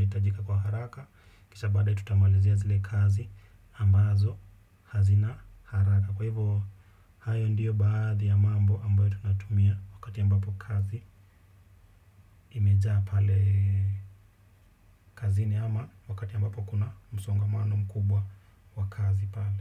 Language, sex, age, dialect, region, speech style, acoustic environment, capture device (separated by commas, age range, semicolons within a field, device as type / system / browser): Swahili, male, 25-34, Kenya - Nairobi Sheng-influenced, Kenya, relaxed, silence, desktop / Windows / Chrome